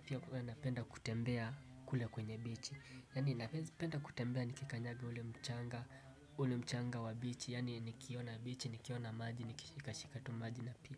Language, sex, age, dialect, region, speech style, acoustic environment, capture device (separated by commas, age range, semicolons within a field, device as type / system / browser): Swahili, male, 18-24, Kenya - Mombasa, Kenya, relaxed, silence, desktop / Windows / Chrome